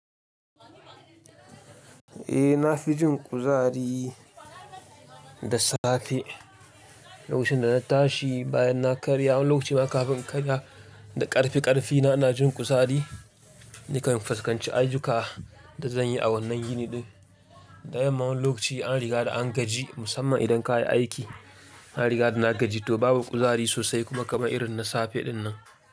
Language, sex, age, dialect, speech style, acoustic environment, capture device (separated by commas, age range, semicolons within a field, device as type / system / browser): Hausa, male, 25-34, Nigeria - Kano, relaxed, silence, mobile / Linux / Chrome